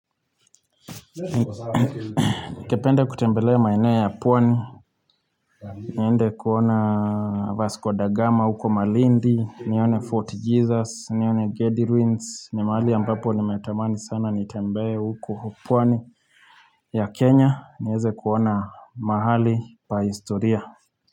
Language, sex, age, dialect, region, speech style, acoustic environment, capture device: Swahili, male, 25-34, Kenya - Nairobi Sheng-influenced, Kenya, happy, traffic, mobile / Linux / Chrome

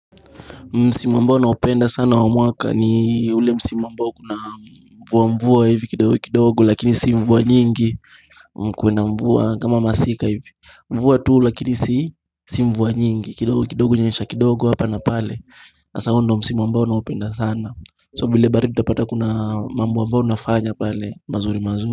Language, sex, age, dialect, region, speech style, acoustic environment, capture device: Swahili, male, 18-24, Kenya - Mombasa, Kenya, happy; anxious, people talking; music; nature, mobile / Linux / Chrome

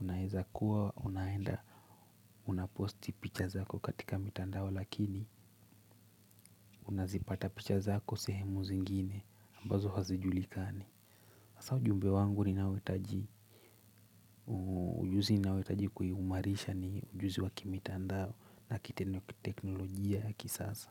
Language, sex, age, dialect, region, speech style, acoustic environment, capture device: Swahili, male, 25-34, Kenya - Nairobi Sheng-influenced, Kenya, focused; relaxed, silence, mobile / Linux / Chrome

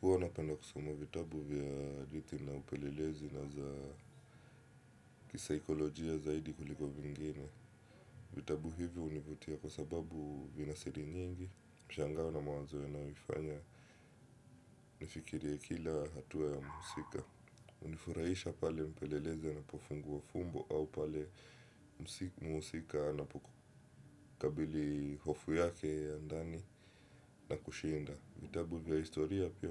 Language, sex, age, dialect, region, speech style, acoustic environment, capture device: Swahili, male, 25-34, Kenya - Mombasa, Kenya, happy; relaxed; focused, silence; nature; appliances, desktop / Windows / Chrome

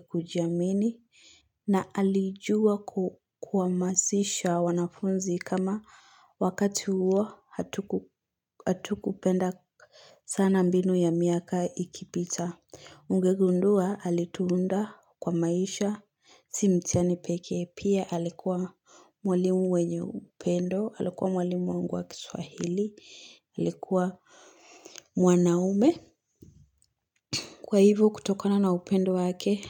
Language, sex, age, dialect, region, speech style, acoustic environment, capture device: Swahili, female, 18-24, Kenya - Nairobi Sheng-influenced, Kenya, happy; excited, nature; silence, mobile / Linux / Chrome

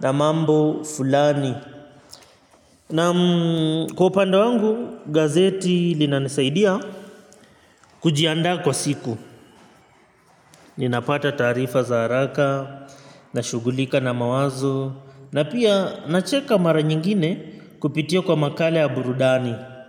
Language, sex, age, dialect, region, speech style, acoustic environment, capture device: Swahili, male, 25-34, Kenya - Mombasa, Kenya, relaxed; focused, nature; appliances, mobile / Linux / Chrome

